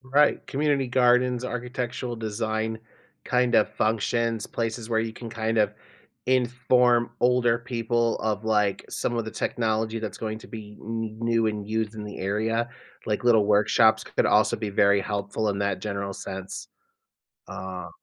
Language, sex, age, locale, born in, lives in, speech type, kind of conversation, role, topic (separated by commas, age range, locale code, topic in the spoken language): English, male, 35-39, en-US, United States, United States, conversation, podcast, host, In what ways has technology changed how we form and maintain relationships?